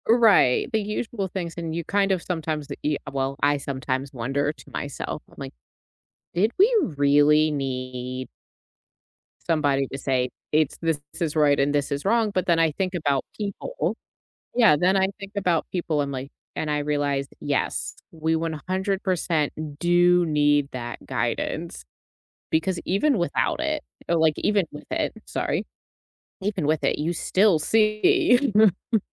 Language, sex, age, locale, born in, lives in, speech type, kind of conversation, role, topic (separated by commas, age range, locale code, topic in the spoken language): English, female, 35-39, en-US, United States, United States, conversation, unstructured, none, How do you decide what is right or wrong?